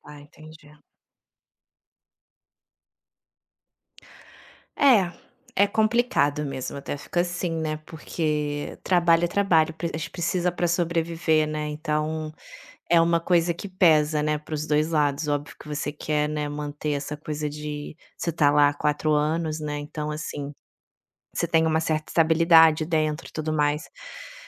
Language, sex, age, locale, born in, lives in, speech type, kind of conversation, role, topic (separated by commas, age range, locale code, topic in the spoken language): Portuguese, female, 35-39, pt-BR, Brazil, Italy, conversation, advice, advisor, Como posso lidar com o medo de admitir ao meu chefe ou aos meus colegas que estou sobrecarregado?